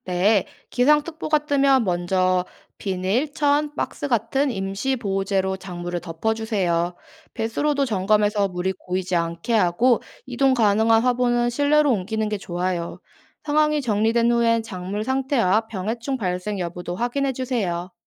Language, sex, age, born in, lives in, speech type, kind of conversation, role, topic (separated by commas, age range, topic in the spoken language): Korean, female, 25-29, South Korea, South Korea, read, expert-assistant, expert, 작물 재배를 시작할 때 예상치 못한 문제에 대비하는 좋은 방법이 있을까요?